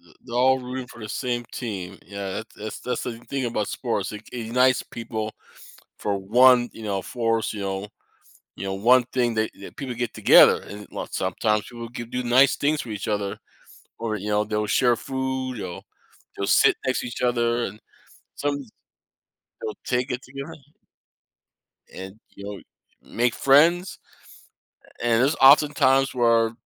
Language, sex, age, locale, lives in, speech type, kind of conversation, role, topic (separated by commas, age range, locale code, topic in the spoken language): English, male, 50-54, en-US, United States, conversation, podcast, guest, Why do you think sports have the power to unite people from different backgrounds?